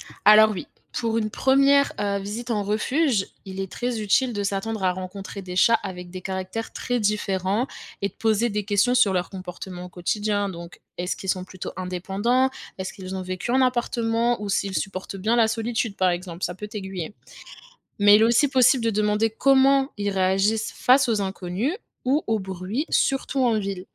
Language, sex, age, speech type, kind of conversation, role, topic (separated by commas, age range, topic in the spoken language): French, female, 25-29, read, expert-assistant, expert, Quel animal de compagnie choisir quand on vit en ville avec un emploi du temps chargé ?